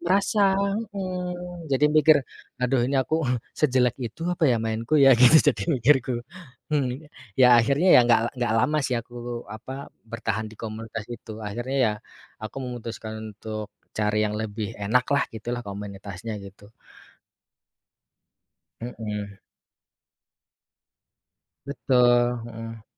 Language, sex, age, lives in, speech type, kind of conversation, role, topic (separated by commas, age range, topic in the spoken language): Indonesian, male, 30-34, Indonesia, conversation, podcast, guest, Bagaimana cara kamu mempelajari keterampilan baru untuk hobimu?